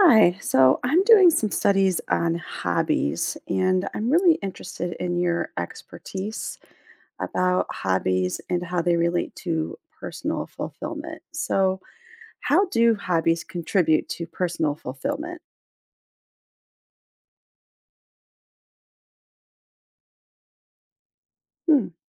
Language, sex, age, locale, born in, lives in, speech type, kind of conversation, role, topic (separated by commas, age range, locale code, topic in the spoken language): English, female, 45-49, en-US, United States, United States, read, expert-assistant, asker, What role do hobbies play in personal fulfillment?